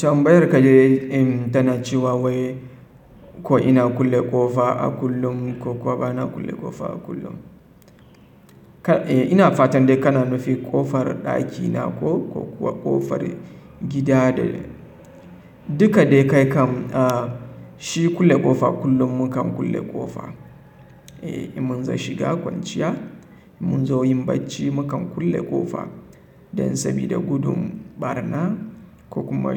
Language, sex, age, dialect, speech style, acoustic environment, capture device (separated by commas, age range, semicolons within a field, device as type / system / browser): Hausa, male, 25-34, Nigeria - Kano, relaxed; happy; focused, silence, mobile / Linux / Chrome